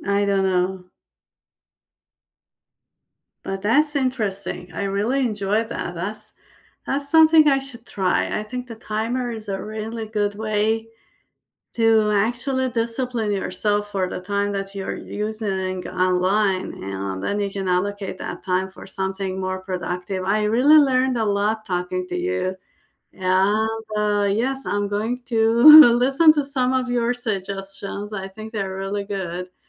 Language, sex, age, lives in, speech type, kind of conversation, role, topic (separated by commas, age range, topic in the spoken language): English, female, 45-49, United States, conversation, unstructured, none, What could you cut or simplify in your personal life to make space for what you most want to pursue next?